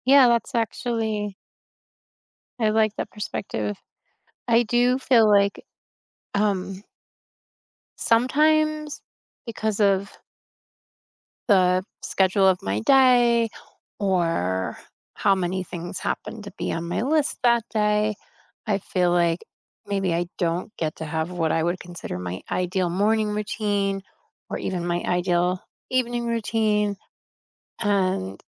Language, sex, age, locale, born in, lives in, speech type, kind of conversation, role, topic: English, female, 50-54, en-US, United States, United States, conversation, unstructured, none, How do your morning and evening routines help you feel grounded and connected to others?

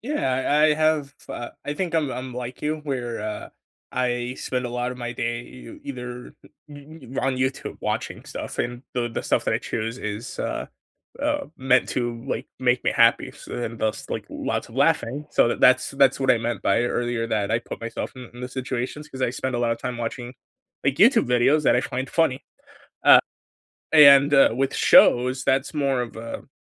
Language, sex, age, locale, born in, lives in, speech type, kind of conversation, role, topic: English, male, 20-24, en-US, United States, United States, conversation, unstructured, none, What was the last thing that genuinely made you laugh?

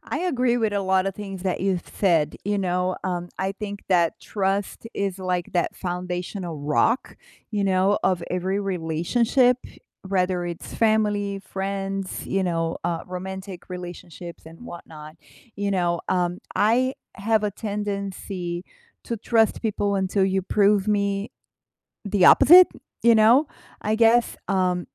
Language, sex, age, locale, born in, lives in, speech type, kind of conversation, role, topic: English, female, 45-49, en-BR, Brazil, United States, conversation, unstructured, none, What role does trust play in family and friendships?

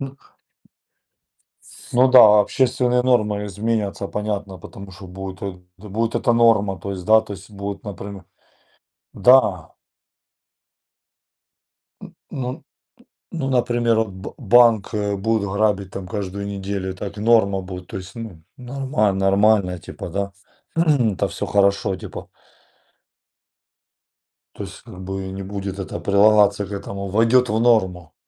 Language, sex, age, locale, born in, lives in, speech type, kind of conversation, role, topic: Russian, male, 40-44, ru-RU, Ukraine, Spain, conversation, unstructured, none, Какие последствия могут возникнуть, если каждый человек будет без ограничений следовать своим желаниям?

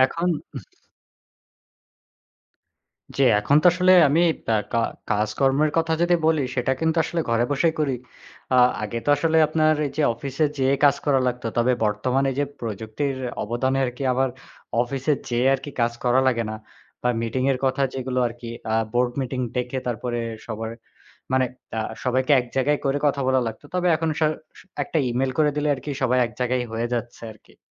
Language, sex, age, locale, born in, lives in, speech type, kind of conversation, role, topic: Bengali, male, 20-24, bn-BD, Bangladesh, Bangladesh, conversation, unstructured, none, আপনার জীবনে প্রযুক্তি কীভাবে সুখ এনে দিয়েছে?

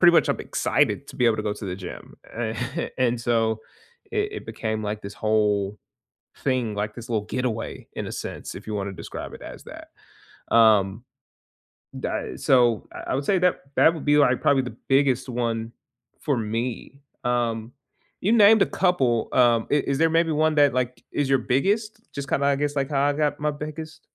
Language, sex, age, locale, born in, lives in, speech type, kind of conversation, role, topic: English, male, 25-29, en-US, United States, United States, conversation, unstructured, none, Do small daily habits really boost your mood and resilience, or are they overhyped and not worth the effort?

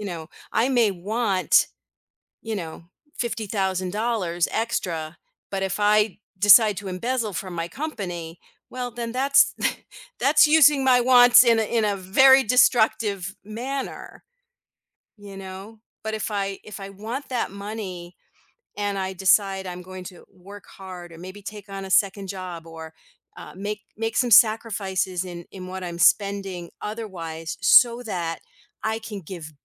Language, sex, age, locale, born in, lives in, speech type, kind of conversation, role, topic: English, female, 55-59, en-US, United States, United States, conversation, podcast, guest, How do you decide what is truly essential in your life?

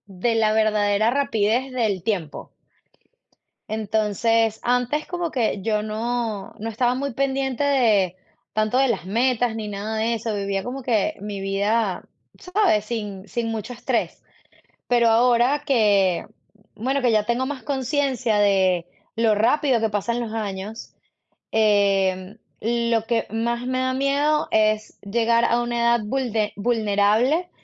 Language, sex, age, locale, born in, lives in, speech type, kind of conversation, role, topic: Spanish, female, 35-39, es-VE, Venezuela, United States, conversation, unstructured, none, ¿Qué te da miedo de no alcanzar tus metas?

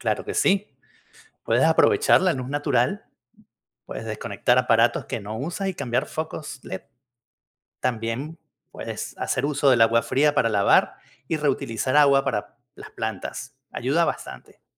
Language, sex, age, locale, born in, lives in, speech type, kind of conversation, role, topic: Spanish, male, 50-54, es-VE, Venezuela, United States, read, expert-assistant, expert, ¿Qué estrategias puedo usar para reducir mi huella de carbono en casa cuando tengo recursos limitados?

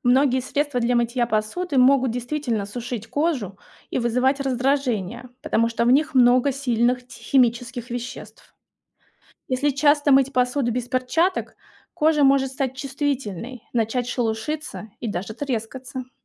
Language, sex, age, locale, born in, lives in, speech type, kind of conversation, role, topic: Russian, female, 35-39, ru-RU, Russia, Germany, read, expert-assistant, expert, Почему мыло смывает грязь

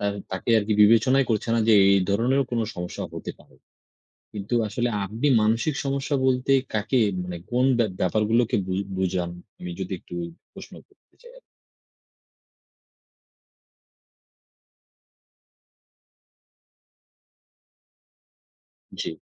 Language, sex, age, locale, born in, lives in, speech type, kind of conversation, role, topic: Bengali, male, 20-24, bn-BD, Bangladesh, Bangladesh, conversation, unstructured, none, মানসিক সমস্যায় ভোগা মানুষকে কি সমাজ থেকে প্রত্যাখ্যান করা উচিত?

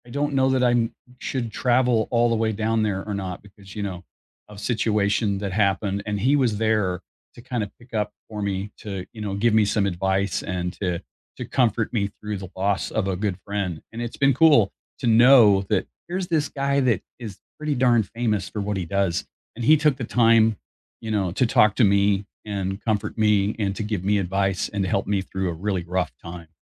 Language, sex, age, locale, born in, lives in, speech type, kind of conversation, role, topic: English, male, 60-64, en-US, United States, United States, conversation, unstructured, none, What is the key to a strong friendship?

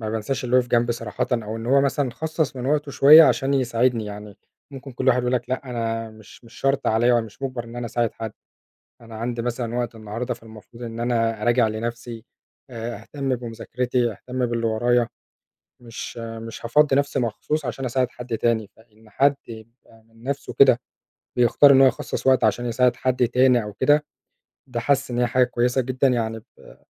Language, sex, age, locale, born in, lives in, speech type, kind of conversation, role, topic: Arabic, male, 25-29, ar-EG, Egypt, Egypt, conversation, unstructured, none, إيه اللي بتحس بيه لما بتساعد حد تاني؟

